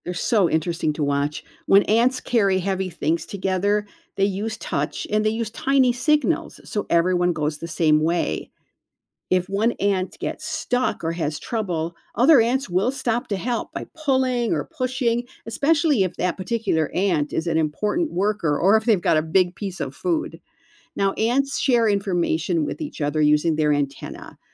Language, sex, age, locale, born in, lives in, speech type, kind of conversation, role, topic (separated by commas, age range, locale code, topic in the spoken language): English, female, 65-69, en-US, United States, United States, read, expert-assistant, expert, How do ants find their way back to their nest?